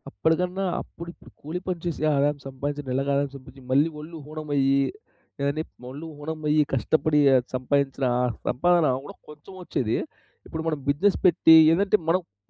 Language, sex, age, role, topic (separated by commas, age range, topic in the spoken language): Telugu, male, 30-34, guest, మార్గదర్శకత్వం లేకపోతే, మీరు స్వయంగా ఎలా ముందుకు సాగుతారు?